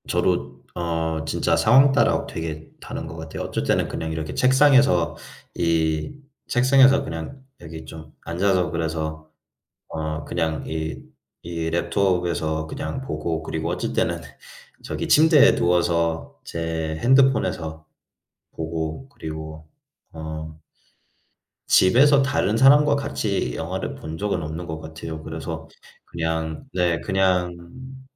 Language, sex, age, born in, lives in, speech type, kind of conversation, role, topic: Korean, male, 25-29, United States, United States, conversation, unstructured, none, 영화관에서 보는 것과 집에서 보는 것 중 어느 쪽이 더 좋으신가요?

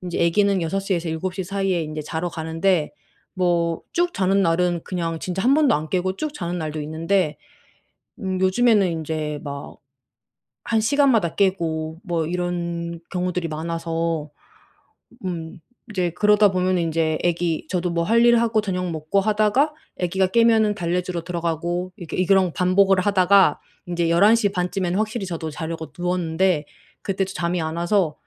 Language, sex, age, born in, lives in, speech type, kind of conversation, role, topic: Korean, female, 35-39, South Korea, Germany, conversation, advice, user, 낮잠 때문에 밤잠이 깨지는데 낮잠과 밤잠의 균형을 어떻게 맞출 수 있을까요?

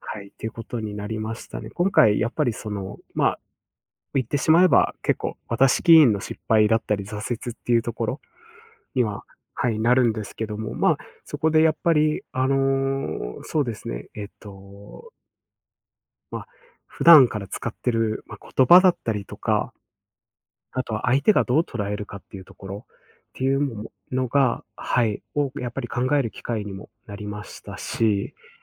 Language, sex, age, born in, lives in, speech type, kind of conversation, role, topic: Japanese, male, 25-29, Japan, Japan, conversation, podcast, guest, 挫折からどうやって立ち直りましたか？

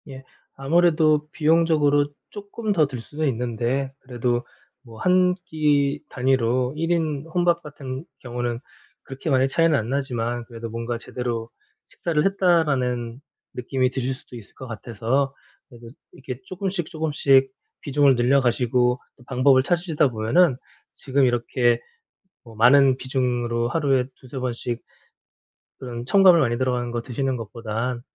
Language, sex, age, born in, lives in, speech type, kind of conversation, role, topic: Korean, male, 40-44, South Korea, South Korea, conversation, advice, advisor, 가공식품 섭취를 줄이려면 어디서부터 시작하는 것이 좋을까요?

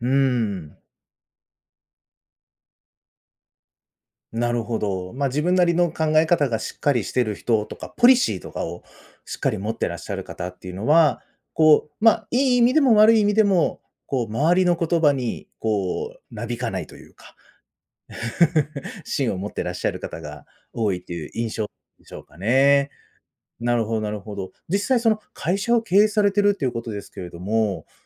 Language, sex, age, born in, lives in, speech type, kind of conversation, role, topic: Japanese, male, 35-39, Japan, Japan, conversation, podcast, host, 忘れられない先生や恩師についてのエピソードはありますか？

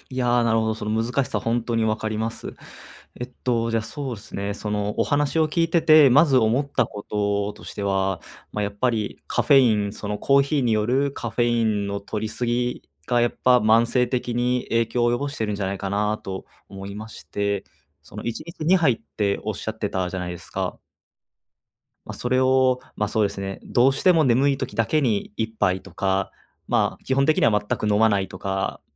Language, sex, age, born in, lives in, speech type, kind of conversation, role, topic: Japanese, male, 20-24, Japan, Japan, conversation, advice, advisor, 夜、寝つけない日が続いていますが、どうすれば改善できますか？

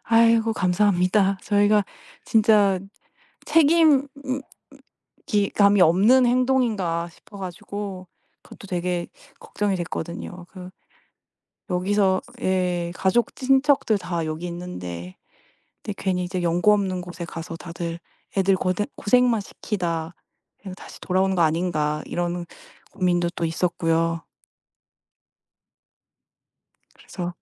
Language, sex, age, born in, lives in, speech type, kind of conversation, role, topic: Korean, female, 35-39, South Korea, United States, conversation, advice, user, 다른 도시나 다른 나라로 이사해 정착할지 고민 중인데, 어떻게 결정하는 게 좋을까요?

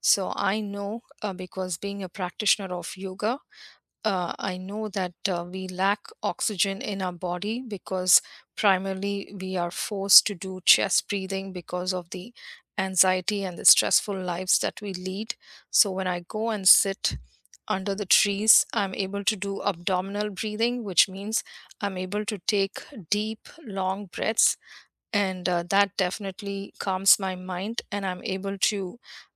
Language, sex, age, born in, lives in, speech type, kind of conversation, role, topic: English, female, 50-54, India, United States, conversation, unstructured, none, Where do you go in nature to feel restored, and what makes that place meaningful to you?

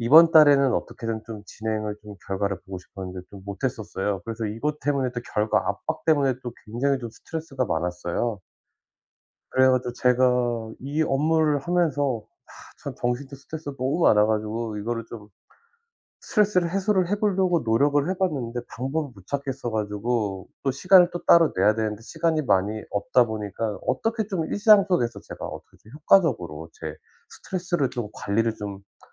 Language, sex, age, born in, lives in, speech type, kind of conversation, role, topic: Korean, male, 40-44, South Korea, United States, conversation, advice, user, 정신적 스트레스를 일상에서 어떻게 효과적으로 관리할 수 있을까요?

